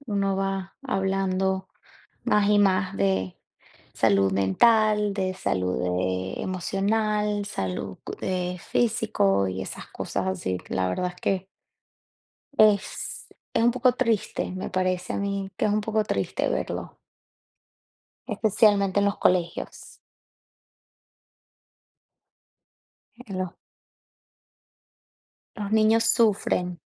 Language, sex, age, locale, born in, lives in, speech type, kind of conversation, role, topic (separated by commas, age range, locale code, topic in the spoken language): Spanish, female, 30-34, es-VE, Venezuela, United States, conversation, unstructured, none, ¿Crees que hoy en día se exagera la ansiedad?